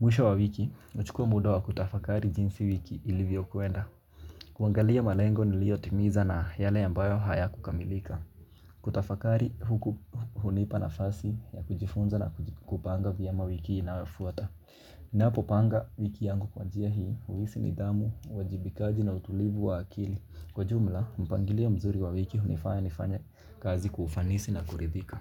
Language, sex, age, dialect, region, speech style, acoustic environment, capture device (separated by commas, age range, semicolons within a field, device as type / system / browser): Swahili, male, 18-24, Kenya - Mombasa, Kenya, focused, silence, mobile / Linux / Chrome